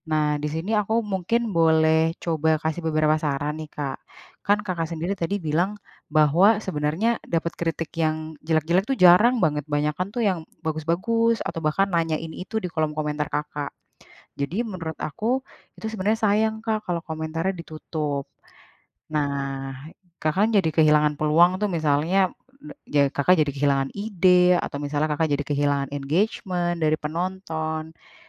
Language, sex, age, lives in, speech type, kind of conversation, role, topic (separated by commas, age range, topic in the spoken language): Indonesian, female, 30-34, Indonesia, conversation, advice, advisor, Bagaimana ketakutan akan penolakan atau kritik membuat Anda menghindari peluang?